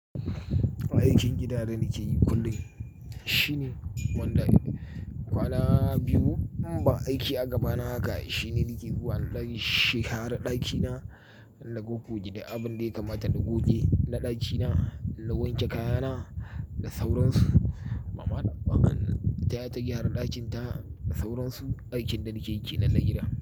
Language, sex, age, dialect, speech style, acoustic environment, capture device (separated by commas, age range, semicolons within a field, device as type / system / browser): Hausa, male, 35-44, Nigeria - Kano, happy; tired, people talking; nature, mobile / Linux / Chrome